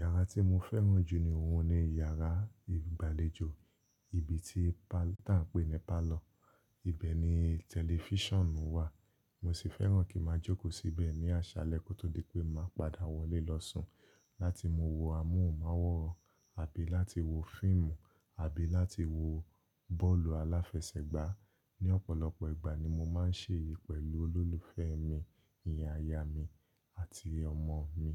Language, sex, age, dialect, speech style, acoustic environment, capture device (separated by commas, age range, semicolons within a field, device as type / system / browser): Yoruba, male, 25-34, Nigeria - Lagos Yoruba, relaxed, nature, mobile / Linux / Chrome